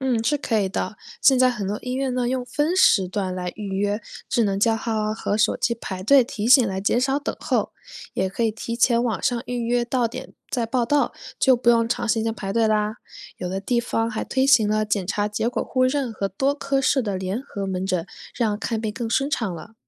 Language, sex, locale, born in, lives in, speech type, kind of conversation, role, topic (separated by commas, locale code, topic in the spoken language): Chinese, female, zh-CN, China, United States, read, expert-assistant, expert, 未来看病会有哪些不一样的体验？我们能怎样让就医过程变得更人性化？